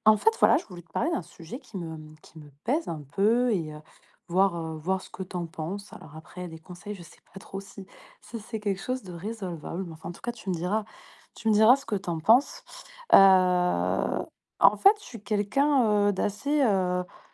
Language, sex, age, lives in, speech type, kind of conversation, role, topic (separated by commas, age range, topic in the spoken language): French, female, 30-34, France, conversation, advice, user, Comment montrer son originalité sans craindre le jugement social ?